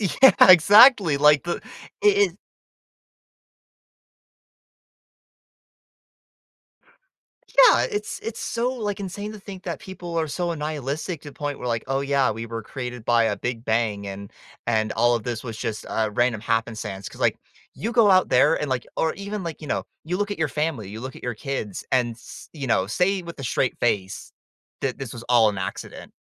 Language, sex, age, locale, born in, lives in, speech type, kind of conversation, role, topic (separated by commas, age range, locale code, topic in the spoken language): English, male, 20-24, en-US, United States, United States, conversation, podcast, host, How does religion shape our values and communities in the modern world?